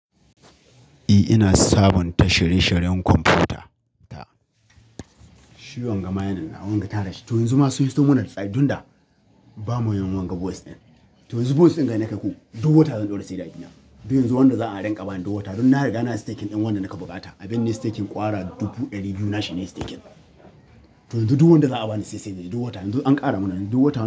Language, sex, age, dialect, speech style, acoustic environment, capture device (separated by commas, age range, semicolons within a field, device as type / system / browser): Hausa, male, 25-34, Nigeria - Katsina, excited, nature; people talking, mobile / Linux / Chrome